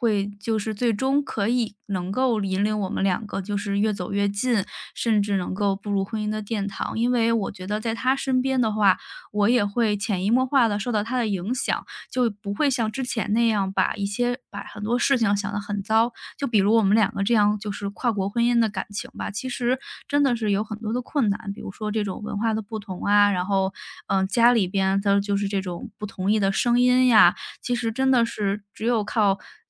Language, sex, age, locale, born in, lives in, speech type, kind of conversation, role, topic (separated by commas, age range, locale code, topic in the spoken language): Chinese, female, 30-34, zh-CN, China, Germany, conversation, podcast, guest, 有没有一次意外的相遇改变了你的人生走向？